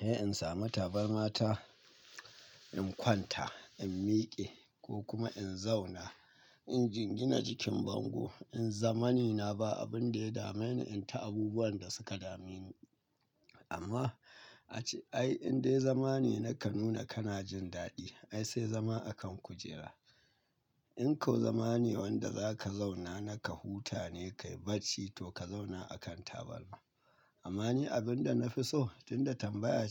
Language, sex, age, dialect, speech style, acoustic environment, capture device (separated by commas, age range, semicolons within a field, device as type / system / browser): Hausa, male, 25-34, Nigeria - Katsina, happy, nature, mobile / Linux / Chrome